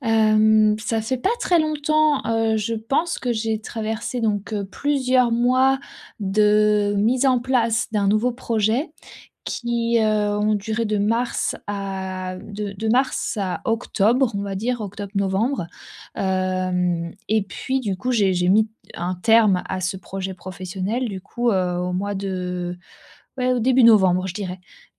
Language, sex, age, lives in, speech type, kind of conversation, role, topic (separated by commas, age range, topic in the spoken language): French, female, 30-34, France, conversation, advice, user, Comment puis-je retrouver mon calme après un pic de stress ?